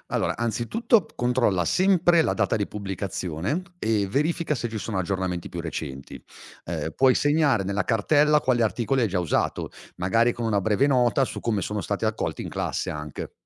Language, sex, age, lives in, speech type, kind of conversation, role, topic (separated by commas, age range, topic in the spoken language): Italian, male, 40-44, Italy, read, expert-assistant, expert, Come posso tenere traccia delle tante notizie e dati sul clima senza sentirmi sopraffatto?